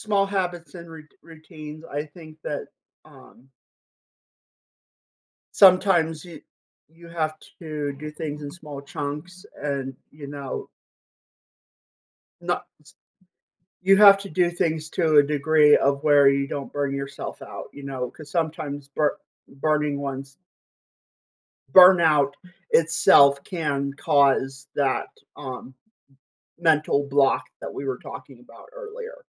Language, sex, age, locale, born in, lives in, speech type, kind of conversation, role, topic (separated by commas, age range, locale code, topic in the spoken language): English, male, 25-29, en-US, United States, United States, conversation, podcast, guest, How can everyday habits help nurture creativity in our lives?